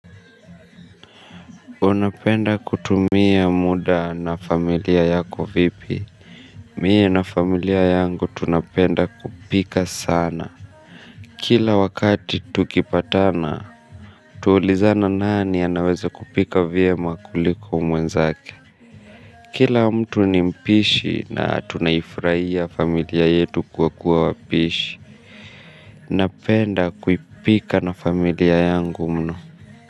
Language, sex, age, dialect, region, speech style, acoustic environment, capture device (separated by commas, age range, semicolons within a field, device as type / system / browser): Swahili, male, 25-34, Kenya - Nairobi Sheng-influenced, Kenya, stressed; nervous; tired, people talking; music, mobile / Linux / Chrome